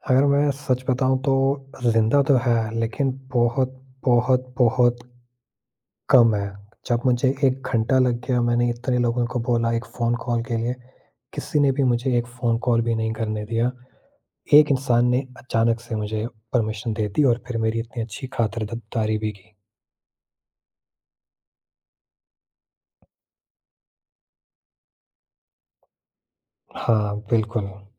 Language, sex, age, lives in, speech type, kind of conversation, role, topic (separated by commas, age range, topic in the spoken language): Hindi, male, 18-19, India, conversation, podcast, guest, Kisi safar mein tumne kabhi koi anjaani aur unexpected meharbani dekhi ho, toh tumhe kaisa laga?